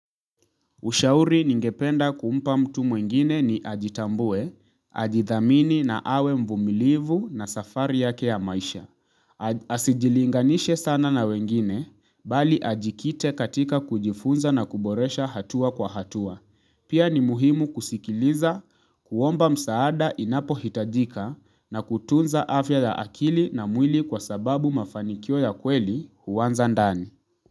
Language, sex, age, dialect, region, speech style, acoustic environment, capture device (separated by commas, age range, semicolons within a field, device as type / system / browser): Swahili, male, 25-34, Kenya - Nairobi Sheng-influenced, Kenya, relaxed; focused, nature; silence, mobile / Linux / Chrome